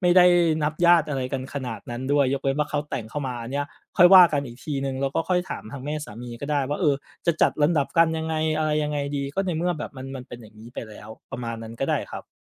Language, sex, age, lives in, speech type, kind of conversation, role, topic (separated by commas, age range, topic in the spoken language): Thai, male, 35-39, Thailand, conversation, advice, advisor, คุณรู้สึกถูกกดดันให้ต้องรักษามิตรภาพไว้ทั้งที่ความสัมพันธ์ไม่ดีหรือไม่?